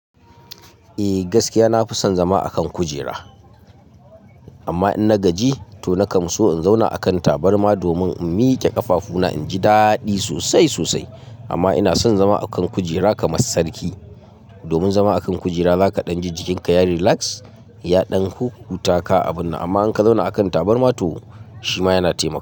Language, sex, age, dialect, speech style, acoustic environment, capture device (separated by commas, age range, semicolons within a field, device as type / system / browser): Hausa, male, 25-34, Nigeria - Katsina, happy, silence, mobile / Linux / Chrome